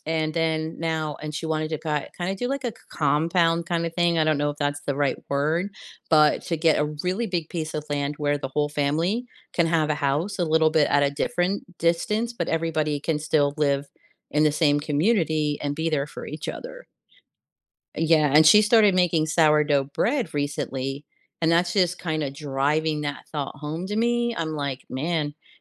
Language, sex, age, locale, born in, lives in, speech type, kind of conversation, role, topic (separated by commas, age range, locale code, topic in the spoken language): English, female, 55-59, en-US, United States, United States, conversation, unstructured, none, How do city and country lifestyles shape our daily experiences and well-being?